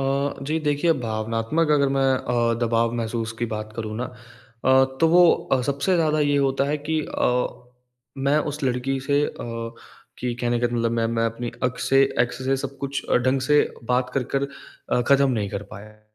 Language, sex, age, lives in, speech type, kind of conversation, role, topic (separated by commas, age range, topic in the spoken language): Hindi, male, 20-24, India, conversation, advice, user, ब्रेकअप के बाद मैं अपनी पहचान कैसे दोबारा बनाऊँ और स्वस्थ सीमाएँ कैसे स्थापित करूँ?